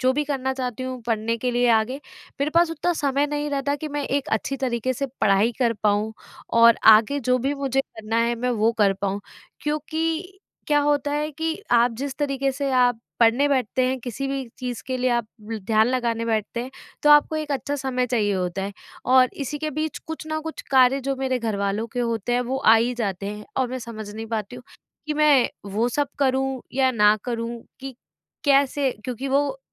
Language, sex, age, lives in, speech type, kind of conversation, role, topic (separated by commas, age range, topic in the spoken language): Hindi, female, 25-29, India, conversation, advice, user, मैं अपनी व्यक्तिगत इच्छाओं और पारिवारिक जिम्मेदारियों के बीच संतुलन कैसे बनाऊँ?